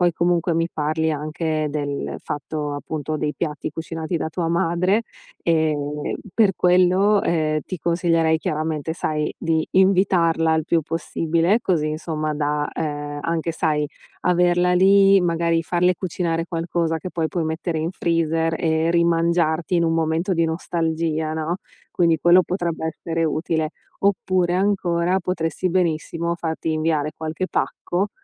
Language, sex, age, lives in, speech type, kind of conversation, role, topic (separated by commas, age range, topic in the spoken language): Italian, female, 35-39, United States, conversation, advice, advisor, Come posso creare un senso di casa ovunque mi trovi?